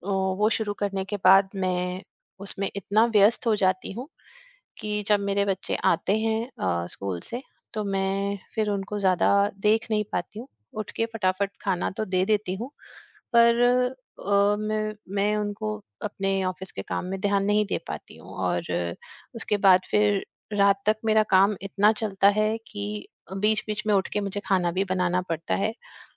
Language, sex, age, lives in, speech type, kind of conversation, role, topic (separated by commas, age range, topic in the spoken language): Hindi, female, 45-49, India, conversation, advice, user, मैं बच्चों और परिवार के साथ पर्याप्त गुणवत्तापूर्ण समय क्यों नहीं बिता पा रहा/रही हूँ?